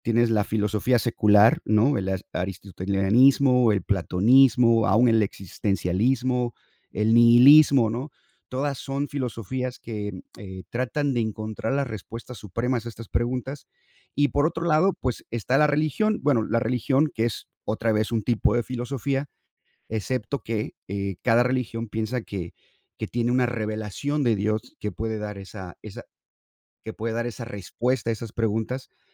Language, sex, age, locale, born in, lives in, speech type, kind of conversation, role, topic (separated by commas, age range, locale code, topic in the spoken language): Spanish, male, 50-54, es-MX, United States, United States, conversation, unstructured, none, ¿Crees que la religión une o divide a las personas?